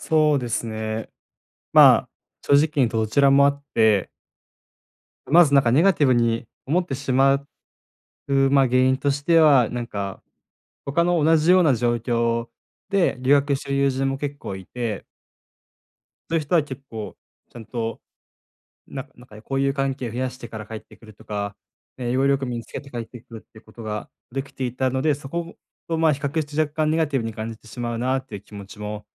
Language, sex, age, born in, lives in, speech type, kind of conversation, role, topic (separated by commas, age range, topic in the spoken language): Japanese, male, 20-24, Japan, Japan, conversation, advice, user, 失敗から何を学び、次にどう方向転換すべきですか？